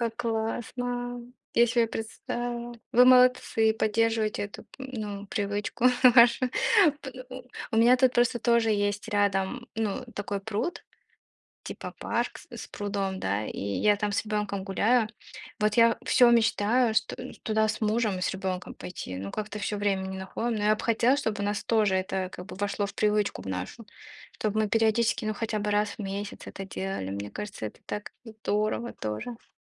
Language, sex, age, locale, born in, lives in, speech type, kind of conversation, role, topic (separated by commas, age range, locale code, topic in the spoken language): Russian, female, 25-29, ru-RU, Russia, United States, conversation, unstructured, none, Какие привычки ты считаешь важными для поддержания хорошего настроения?